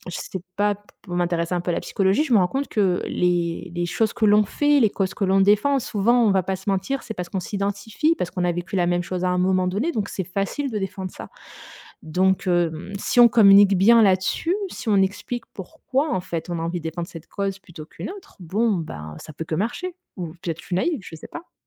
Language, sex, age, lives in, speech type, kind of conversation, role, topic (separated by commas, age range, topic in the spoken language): French, female, 35-39, Germany, conversation, podcast, guest, Comment sais-tu que ce que tu fais a du sens ?